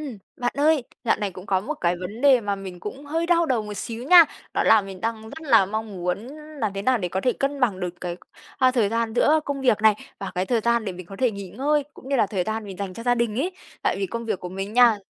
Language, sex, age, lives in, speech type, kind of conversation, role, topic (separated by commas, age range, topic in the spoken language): Vietnamese, female, 25-29, Vietnam, conversation, advice, user, Làm thế nào để khóa thời gian dành cho gia đình và nghỉ ngơi một cách hiệu quả?